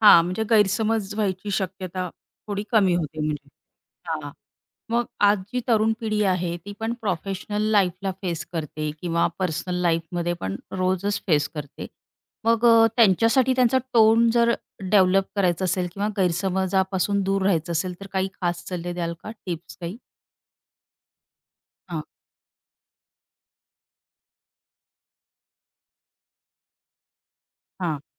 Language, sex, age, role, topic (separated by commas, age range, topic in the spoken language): Marathi, female, 35-39, host, बोलण्याचा टोन गैरसमजांना कसा कारणीभूत ठरतो?